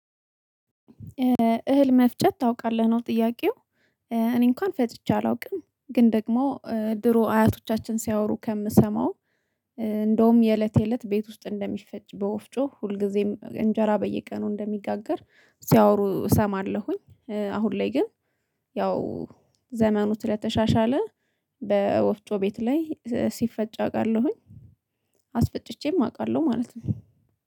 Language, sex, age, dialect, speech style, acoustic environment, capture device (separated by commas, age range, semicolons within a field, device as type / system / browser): Amharic, female, 25-34, Ethiopia - Addis Ababa, relaxed; happy; excited; focused, silence, mobile / Linux / Chrome